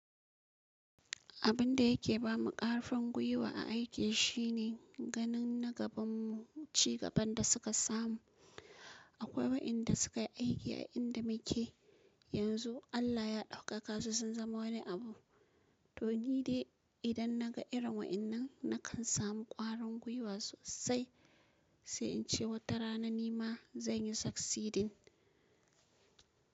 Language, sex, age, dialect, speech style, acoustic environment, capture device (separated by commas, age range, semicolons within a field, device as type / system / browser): Hausa, female, 25-34, Nigeria - Kano, focused; relaxed, nature, mobile / Linux / Chrome